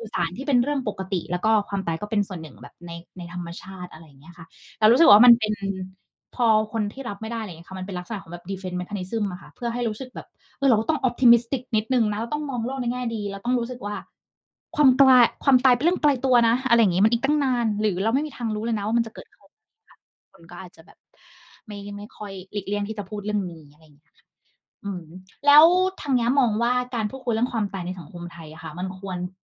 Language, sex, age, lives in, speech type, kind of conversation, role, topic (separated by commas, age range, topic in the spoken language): Thai, female, 30-34, Thailand, conversation, unstructured, none, คุณคิดว่าการพูดคุยเรื่องความตายช่วยให้เรารับมือกับความตายได้ดีขึ้นไหม?